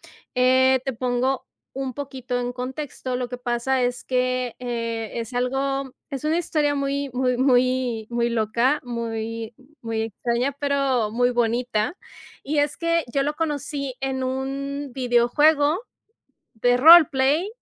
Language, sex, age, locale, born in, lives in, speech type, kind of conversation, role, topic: Spanish, female, 35-39, es-MX, Mexico, Mexico, conversation, advice, user, ¿Cómo puedo adaptarme a una nueva cultura al mudarme a otro país por trabajo o por mi pareja?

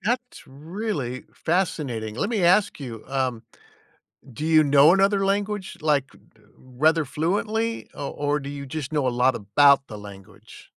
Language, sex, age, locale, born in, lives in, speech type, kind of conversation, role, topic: English, male, 60-64, en-US, United States, United States, conversation, unstructured, none, How do you keep your curiosity alive outside of school?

